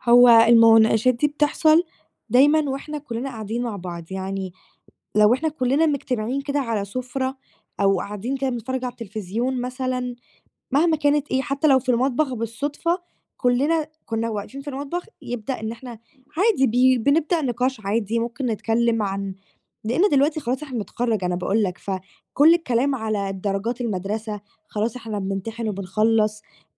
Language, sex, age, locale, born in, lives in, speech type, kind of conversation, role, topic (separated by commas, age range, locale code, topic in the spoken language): Arabic, female, 18-19, ar-EG, Egypt, Greece, conversation, advice, user, إزاي أتعامل مع توترات العيلة لما الآراء بتختلف في التجمعات العائلية؟